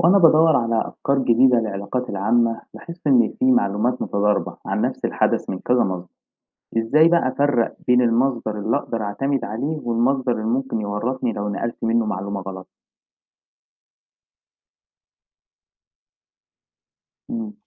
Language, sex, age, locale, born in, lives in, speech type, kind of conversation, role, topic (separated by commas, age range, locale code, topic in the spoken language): Arabic, male, 20-24, ar-EG, Egypt, Egypt, read, expert-assistant, asker, إزاي أقدر أفرّق بين المصادر الموثوقة وغير الموثوقة لما أبحث عن معلومات عن العلاقات العامة؟